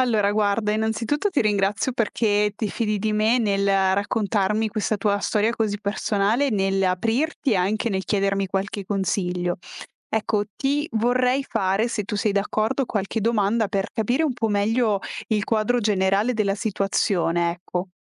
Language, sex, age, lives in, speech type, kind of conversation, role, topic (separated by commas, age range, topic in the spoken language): Italian, female, 25-29, Italy, conversation, advice, advisor, Come possiamo gestire i litigi ripetuti con il partner su soldi e budget familiare?